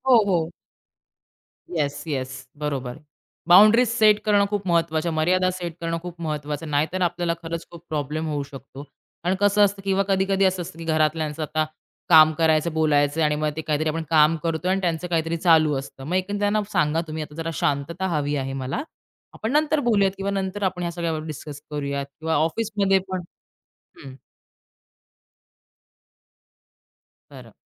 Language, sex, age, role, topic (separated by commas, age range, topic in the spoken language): Marathi, female, 30-34, guest, रोजच्या ताणतणावाला तुम्ही कसे सामोरे जाता?